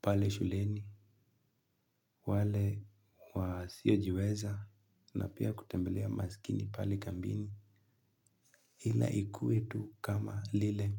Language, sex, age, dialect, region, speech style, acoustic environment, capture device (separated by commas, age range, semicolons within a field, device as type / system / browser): Swahili, male, 18-24, Kenya - Nairobi Sheng-influenced, Kenya, relaxed, silence, mobile / Linux / Chrome